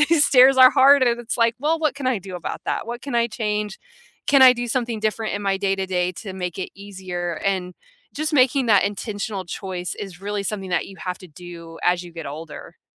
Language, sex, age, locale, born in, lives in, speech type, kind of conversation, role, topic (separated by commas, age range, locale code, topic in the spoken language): English, female, 30-34, en-US, United States, United States, conversation, unstructured, none, How has your relationship with exercise evolved across different stages of your life, and who has helped or inspired you?